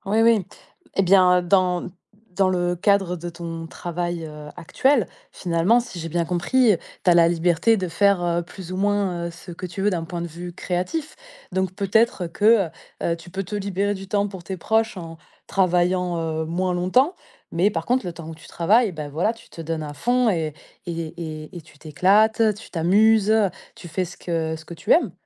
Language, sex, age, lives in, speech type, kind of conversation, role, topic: French, female, 30-34, France, conversation, advice, advisor, Perte de motivation après des débuts prometteurs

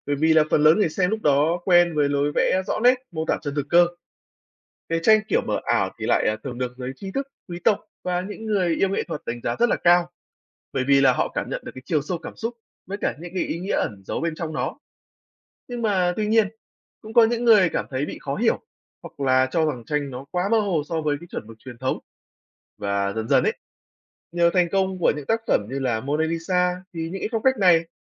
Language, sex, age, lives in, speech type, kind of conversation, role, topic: Vietnamese, male, 20-24, Vietnam, read, expert-assistant, expert, Vì sao nụ cười của Mona Lisa và tranh chân dung mờ ảo thời Phục Hưng lại gây nhiều tranh luận?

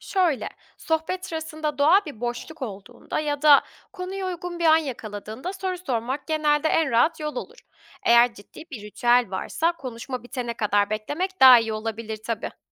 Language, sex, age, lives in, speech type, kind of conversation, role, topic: Turkish, female, 25-29, Germany, read, expert-assistant, expert, Farklı dinleri anlamak için hangi günlük alışkanlıklar geliştirilebilir?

